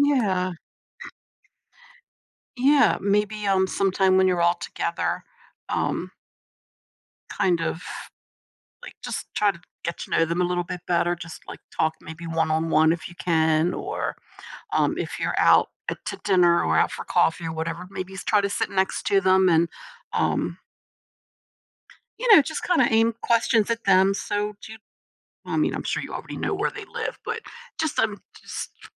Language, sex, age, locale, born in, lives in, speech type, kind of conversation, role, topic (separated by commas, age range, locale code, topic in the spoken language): English, female, 60-64, en-US, United States, United States, conversation, advice, advisor, How can I deepen my friendships and build more meaningful connections?